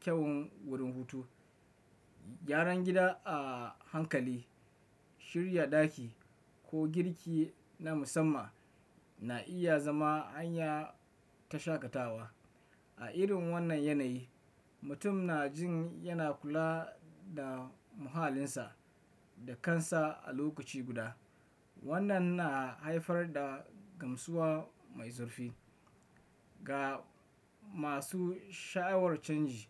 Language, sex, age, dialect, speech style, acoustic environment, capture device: Hausa, male, 25-34, Nigeria - Kano, relaxed; focused, nature, desktop / Windows / Chrome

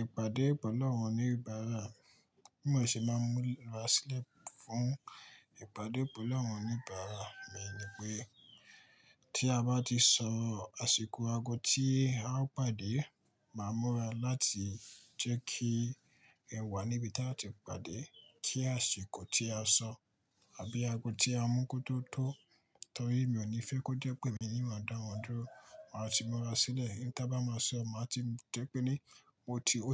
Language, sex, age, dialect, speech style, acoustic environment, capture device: Yoruba, male, 25-34, Nigeria - Ibadan, excited; focused; relaxed, silence; nature, mobile / Linux / Chrome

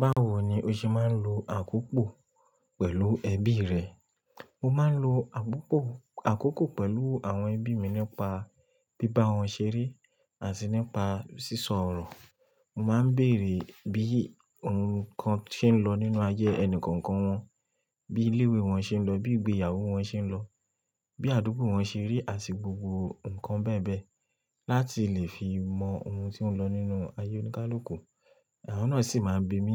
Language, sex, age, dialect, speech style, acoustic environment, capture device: Yoruba, male, 25-34, Nigeria - Lagos Yoruba, relaxed, nature, mobile / Linux / Chrome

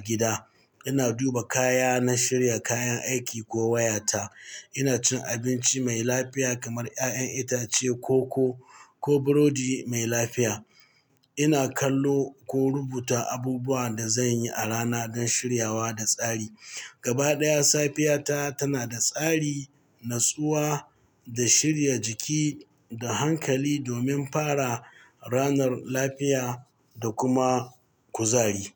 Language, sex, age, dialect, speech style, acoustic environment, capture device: Hausa, male, 45-54, Nigeria - Katsina, excited; focused, people talking; nature, mobile / Linux / Chrome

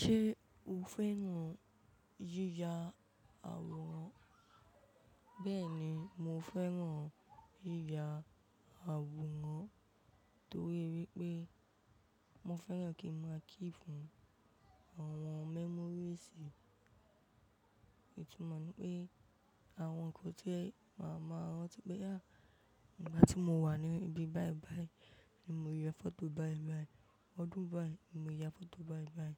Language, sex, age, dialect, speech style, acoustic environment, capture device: Yoruba, female, 18-24, Nigeria - Ibadan, relaxed, appliances, mobile / macOS / Safari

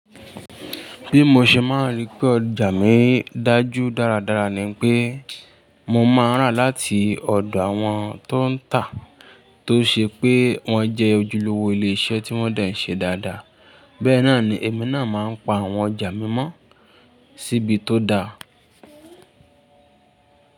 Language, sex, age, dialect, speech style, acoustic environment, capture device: Yoruba, male, 25-34, Nigeria - Ibadan, relaxed, people talking, mobile / Linux / Chrome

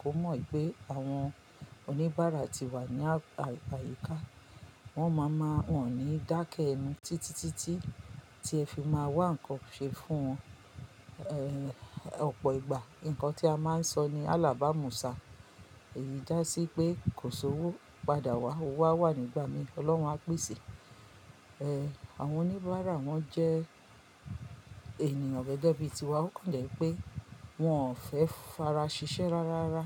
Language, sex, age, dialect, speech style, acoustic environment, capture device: Yoruba, female, 45-54, Nigeria - Lagos Yoruba, relaxed, silence, mobile / Linux / Chrome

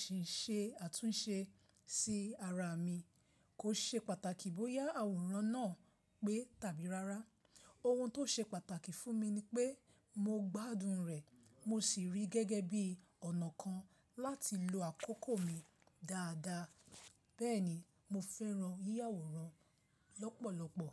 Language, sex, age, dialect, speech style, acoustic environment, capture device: Yoruba, female, 25-34, Nigeria - Lagos Yoruba, excited, silence, mobile / Linux / Chrome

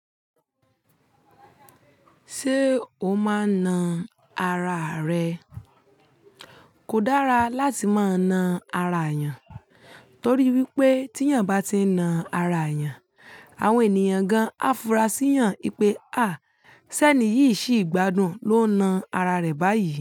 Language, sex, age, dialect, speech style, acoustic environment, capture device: Yoruba, female, 25-34, Nigeria - Ibadan, focused, nature, mobile / Linux / Chrome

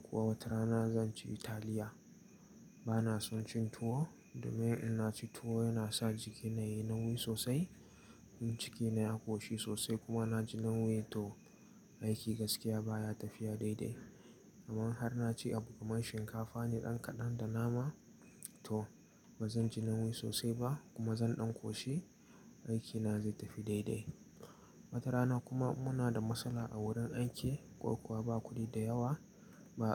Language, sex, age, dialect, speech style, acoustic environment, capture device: Hausa, male, 18-24, Nigeria - Nigerian Standard, relaxed, nature, mobile / Linux / Chrome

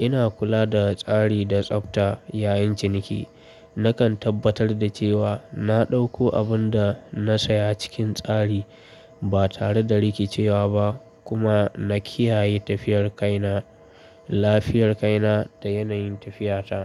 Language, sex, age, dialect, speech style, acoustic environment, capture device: Hausa, male, 25-34, Nigeria - Kano, relaxed; focused, people talking; nature; traffic; appliances, mobile / Linux / Chrome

